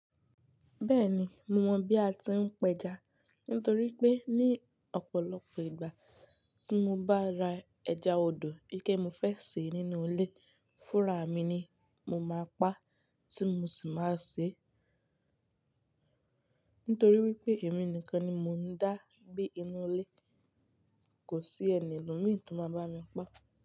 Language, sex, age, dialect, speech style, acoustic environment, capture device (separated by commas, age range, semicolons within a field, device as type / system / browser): Yoruba, female, 18-24, Nigeria - Ibadan, focused, silence; nature, mobile / Linux / Chrome